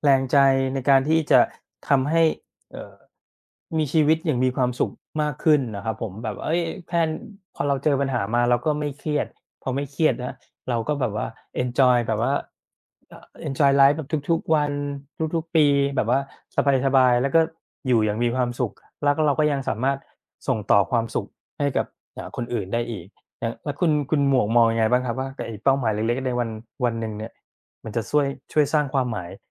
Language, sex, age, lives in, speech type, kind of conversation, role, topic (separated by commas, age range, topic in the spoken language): Thai, male, 40-44, Thailand, conversation, unstructured, none, คุณคิดว่าการตั้งเป้าหมายช่วยให้ชีวิตมีความหมายไหม?